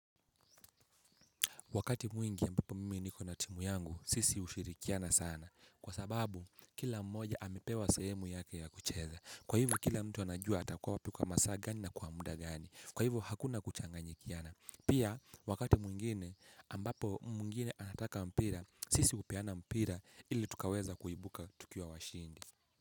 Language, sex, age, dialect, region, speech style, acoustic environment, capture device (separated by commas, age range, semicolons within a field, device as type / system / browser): Swahili, male, 18-24, Kenya - Mombasa, Kenya, happy; relaxed; focused, people talking; nature; appliances, mobile / Linux / Chrome